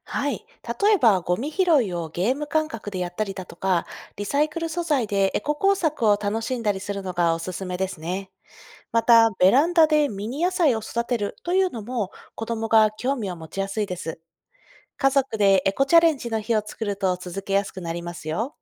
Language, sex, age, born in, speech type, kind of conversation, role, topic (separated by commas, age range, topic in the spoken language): Japanese, female, 30-34, Japan, read, expert-assistant, expert, 日常生活でできる効果的な環境への配慮の方法や工夫にはどんなものがありますか？